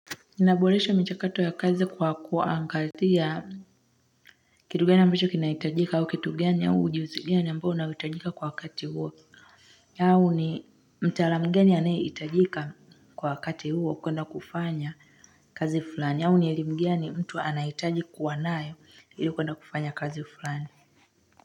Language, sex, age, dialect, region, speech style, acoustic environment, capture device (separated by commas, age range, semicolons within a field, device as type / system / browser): Swahili, female, 25-34, Tanzania - Dar es Salaam, Tanzania, relaxed; focused, nature, mobile / Linux / Chrome